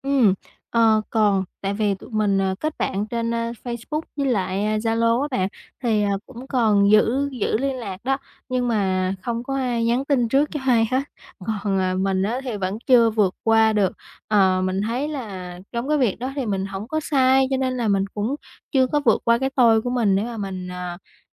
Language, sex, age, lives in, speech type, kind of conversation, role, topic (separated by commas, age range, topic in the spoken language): Vietnamese, female, 20-24, Vietnam, conversation, advice, user, Làm thế nào để tái kết nối với những người bạn mà bạn đã mất liên lạc?